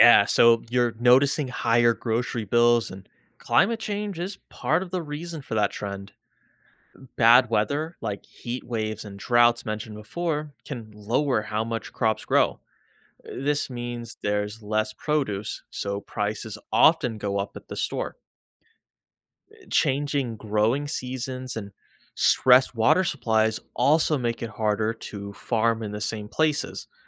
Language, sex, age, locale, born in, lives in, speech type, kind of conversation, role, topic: English, male, 25-29, en-US, United States, United States, read, expert-assistant, expert, How does climate change affect our daily lives?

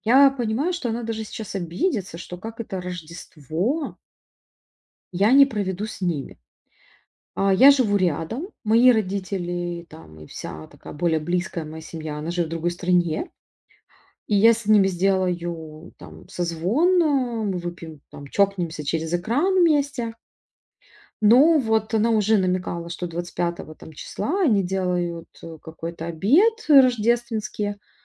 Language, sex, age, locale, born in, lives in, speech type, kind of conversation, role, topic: Russian, female, 35-39, ru-RU, Ukraine, Italy, conversation, advice, user, Как сохранить личные границы на праздниках с друзьями?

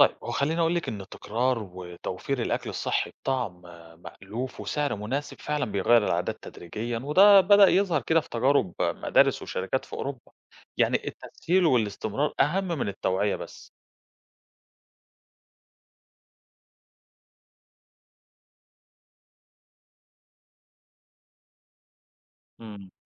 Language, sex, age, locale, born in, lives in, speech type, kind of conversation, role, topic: Arabic, male, 30-34, ar-EG, Egypt, Greece, read, expert-assistant, expert, هل مهم أكتر ننتج أكل كتير حتى لو مش صحي قوي، ولا نركز على جودة الأكل حتى لو الكمية قليلة؟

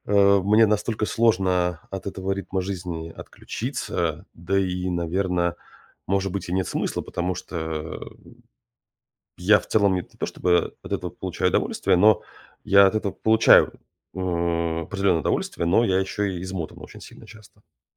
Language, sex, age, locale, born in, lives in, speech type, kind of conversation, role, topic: Russian, male, 35-39, ru-RU, Russia, United States, conversation, advice, user, В чём у вас дома проявляется размывание границ между работой и отдыхом?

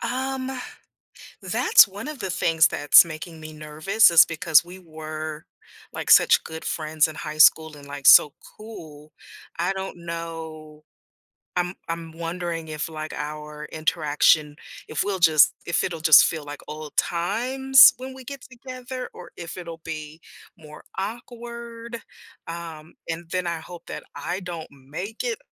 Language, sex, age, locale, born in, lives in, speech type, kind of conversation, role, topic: English, female, 50-54, en-US, United States, United States, conversation, advice, user, How can I calm my nerves and feel more confident before a first date?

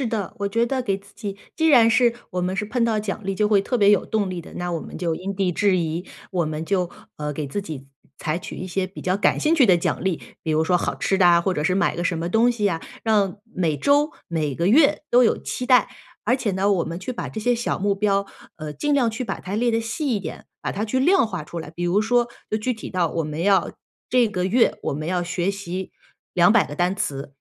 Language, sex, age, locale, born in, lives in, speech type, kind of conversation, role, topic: Chinese, female, 35-39, zh-CN, China, United States, conversation, advice, advisor, 我怎样才能整理并重启那些搁置的项目？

